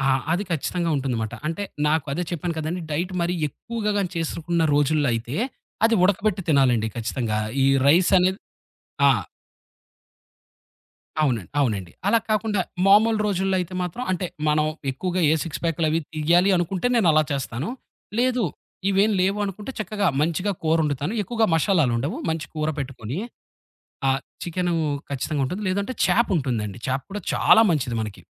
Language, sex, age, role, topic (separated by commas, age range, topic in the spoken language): Telugu, male, 30-34, guest, మీరు రోజంతా ఏమేమి తింటారు?